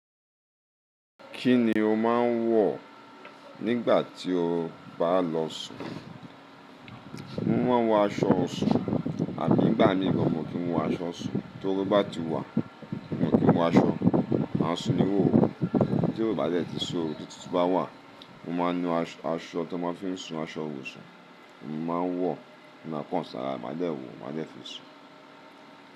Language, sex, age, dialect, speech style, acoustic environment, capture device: Yoruba, male, 35-44, Nigeria - Lagos Yoruba, happy, appliances, mobile / Linux / Chrome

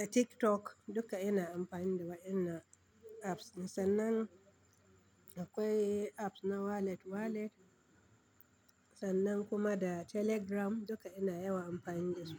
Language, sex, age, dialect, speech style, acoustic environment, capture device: Hausa, female, 35-44, Nigeria - Kano, happy, people talking, desktop / Linux / Chrome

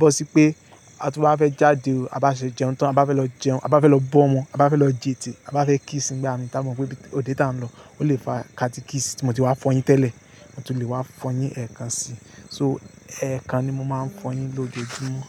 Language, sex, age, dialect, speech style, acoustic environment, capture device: Yoruba, male, 25-34, Nigeria - Lagos Yoruba, happy; focused, nature, mobile / Linux / Chrome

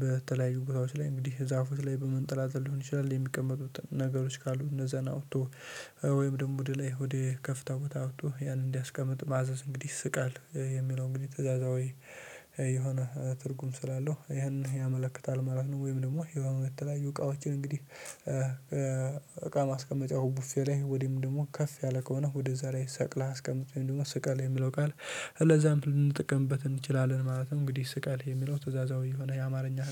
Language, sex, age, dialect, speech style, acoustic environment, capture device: Amharic, male, 18-24, Ethiopia - Addis Ababa, happy; focused, silence; nature, mobile / Linux / Chrome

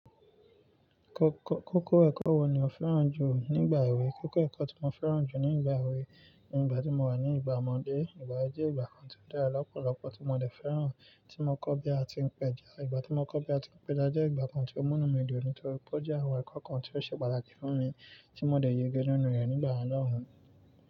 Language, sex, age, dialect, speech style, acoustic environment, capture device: Yoruba, male, 25-34, Nigeria - Nigerian Standard, excited, nature, mobile / Linux / Chrome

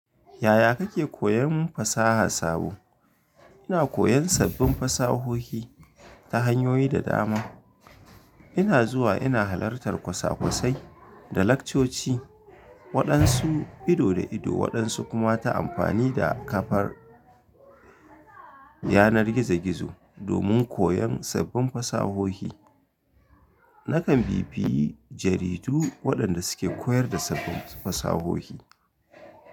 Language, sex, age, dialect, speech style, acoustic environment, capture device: Hausa, male, 25-34, Nigeria - Kano, happy, silence, mobile / Linux / Chrome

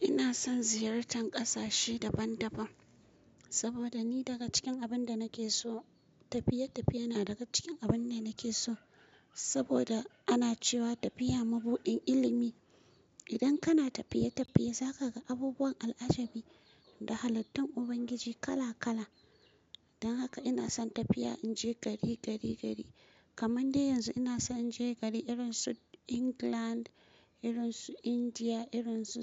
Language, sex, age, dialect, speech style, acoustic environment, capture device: Hausa, female, 25-34, Nigeria - Kano, focused; relaxed, nature, mobile / Linux / Chrome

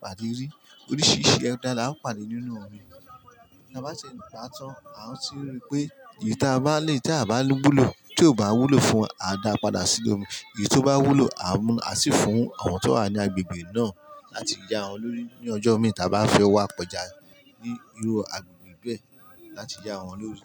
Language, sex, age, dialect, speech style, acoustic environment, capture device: Yoruba, male, 35-44, Nigeria - Ijebu, happy; focused; relaxed; excited, people talking; music; construction; traffic; appliances, mobile / Linux / Chrome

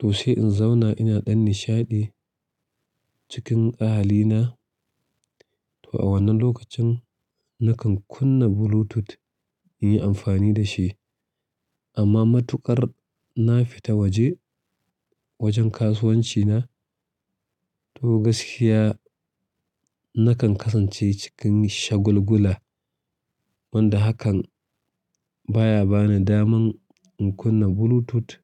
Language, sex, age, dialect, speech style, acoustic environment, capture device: Hausa, male, 35-44, Nigeria - Kano, relaxed, silence, mobile / Linux / Chrome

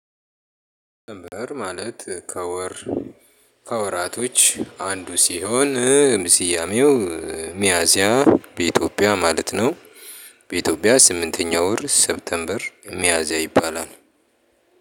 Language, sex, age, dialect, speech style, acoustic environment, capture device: Amharic, male, 35-44, Ethiopia - Addis Ababa, happy, appliances, mobile / Linux / Chrome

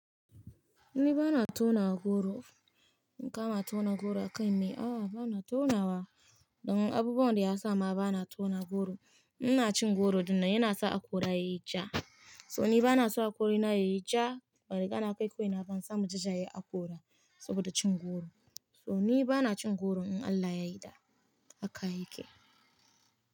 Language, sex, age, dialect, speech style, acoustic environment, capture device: Hausa, female, 25-34, Nigeria - Nigerian Standard, happy; focused, silence, mobile / Linux / Chrome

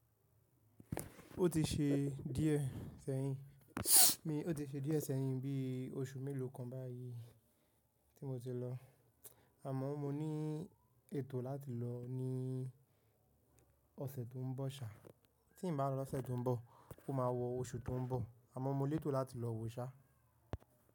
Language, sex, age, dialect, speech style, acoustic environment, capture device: Yoruba, male, 18-24, Nigeria - Nigerian Standard, tired, nature, mobile / macOS / Safari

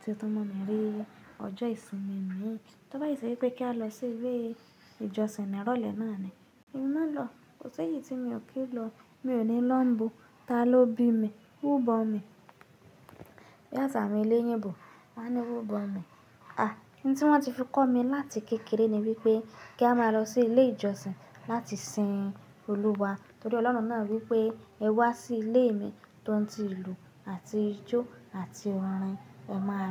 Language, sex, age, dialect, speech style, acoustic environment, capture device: Yoruba, female, 25-34, Nigeria - Nigerian Standard, happy, people talking, mobile / Linux / Chrome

